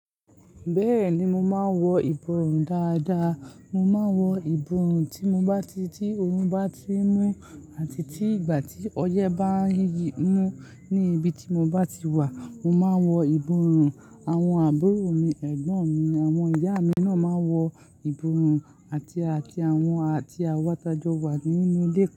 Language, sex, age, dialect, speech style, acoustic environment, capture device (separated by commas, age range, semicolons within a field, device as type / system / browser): Yoruba, male, 18-24, Nigeria - Lagos Yoruba, happy; anxious; excited; focused, nature; silence, mobile / Linux / Chrome